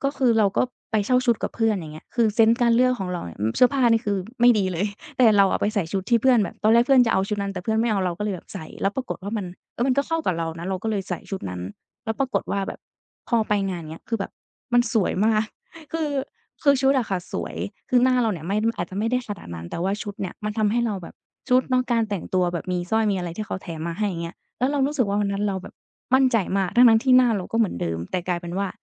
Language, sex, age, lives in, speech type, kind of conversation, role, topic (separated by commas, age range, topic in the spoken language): Thai, female, 20-24, Thailand, conversation, podcast, guest, เสื้อผ้าเคยช่วยเพิ่มความมั่นใจให้คุณไหม?